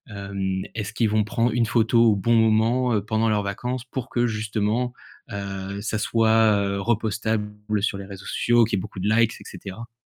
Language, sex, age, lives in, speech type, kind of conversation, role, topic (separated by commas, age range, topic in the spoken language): French, male, 30-34, France, conversation, podcast, host, Comment les réseaux sociaux transforment-ils les histoires que nous racontons ?